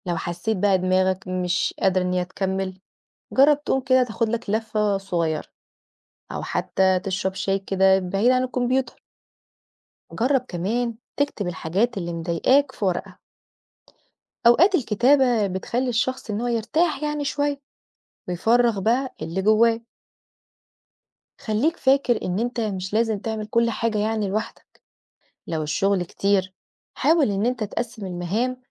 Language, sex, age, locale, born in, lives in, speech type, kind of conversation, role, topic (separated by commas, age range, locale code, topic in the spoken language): Arabic, female, 30-34, ar-EG, Egypt, Portugal, read, expert-assistant, expert, ما هي الطرق الفعالة للتغلب على التوتر والقلق؟